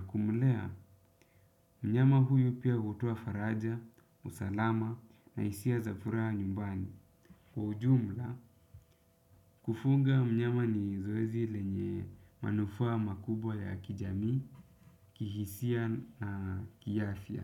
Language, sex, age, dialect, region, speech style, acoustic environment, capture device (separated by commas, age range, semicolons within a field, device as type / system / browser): Swahili, male, 25-34, Kenya - Nairobi Sheng-influenced, Kenya, relaxed, silence, desktop / Windows / Chrome